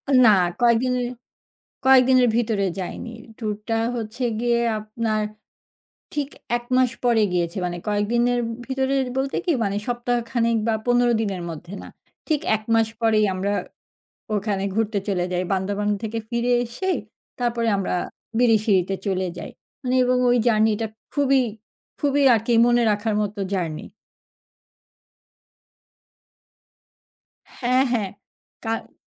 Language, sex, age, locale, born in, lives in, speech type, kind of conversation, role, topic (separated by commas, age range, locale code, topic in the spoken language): Bengali, female, 40-44, bn-BD, Bangladesh, Finland, conversation, podcast, guest, কোন ভ্রমণটি তোমাকে বদলে দিয়েছিল?